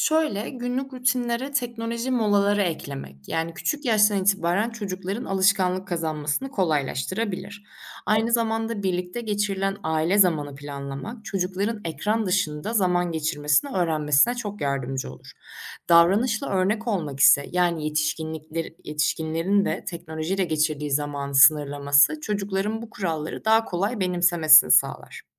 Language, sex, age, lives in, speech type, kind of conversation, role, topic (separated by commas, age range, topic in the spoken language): Turkish, female, 25-29, Germany, read, expert-assistant, expert, Teknolojinin günlük yaşantımıza etkileri nelerdir?